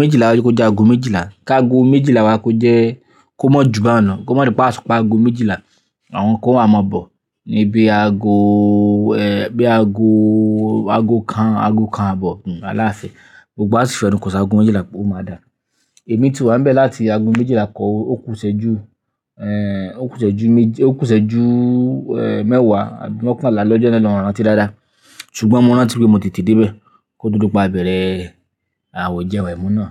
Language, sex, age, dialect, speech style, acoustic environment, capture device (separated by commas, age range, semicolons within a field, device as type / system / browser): Yoruba, male, 25-34, Nigeria - Ibadan, anxious; happy, people talking; nature, mobile / Linux / Chrome